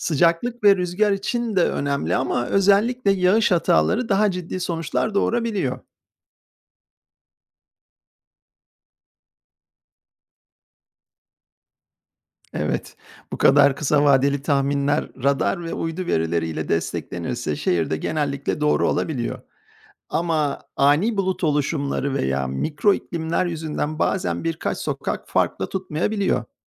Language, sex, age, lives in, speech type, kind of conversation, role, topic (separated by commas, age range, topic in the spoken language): Turkish, male, 50-54, United States, read, expert-assistant, expert, Dışarı çıkmadan önce hava durumu tahminlerine bakarken hangi uygulama ya da siteler gerçekten doğru bilgi veriyor?